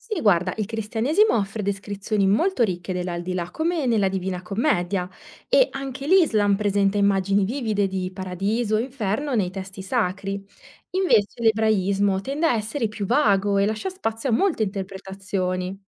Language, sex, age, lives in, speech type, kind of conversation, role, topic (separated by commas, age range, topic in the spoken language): Italian, female, 30-34, Italy, read, expert-assistant, expert, Cristianesimo, Islam o Ebraismo: quale religione propone la visione più affascinante della vita dopo la morte?